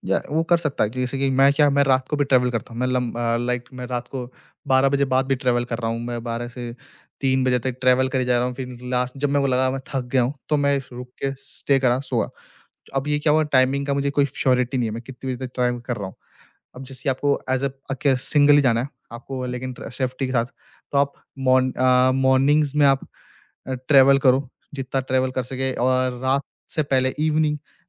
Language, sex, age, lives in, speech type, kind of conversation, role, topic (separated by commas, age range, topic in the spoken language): Hindi, male, 20-24, India, conversation, podcast, guest, Solo travel shuru karna ho to sabse pehla step aap kya recommend karenge?